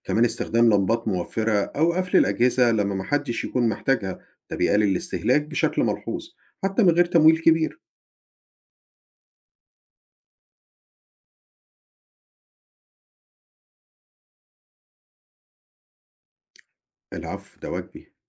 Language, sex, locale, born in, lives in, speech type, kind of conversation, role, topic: Arabic, male, ar-EG, Egypt, United States, read, expert-assistant, expert, هل فعلاً الإجراءات اللي بنتخذها دلوقتي للحد من الاحتباس الحراري تستاهل المصاريف والتغييرات الكبيرة دي؟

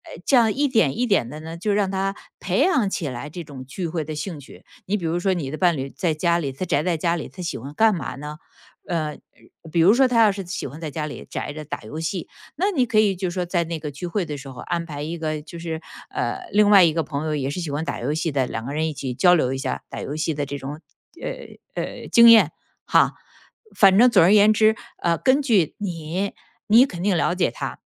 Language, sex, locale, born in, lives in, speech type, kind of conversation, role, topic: Chinese, female, zh-CN, China, United States, conversation, advice, advisor, 你是否因为恋爱或结婚而减少了与朋友的来往？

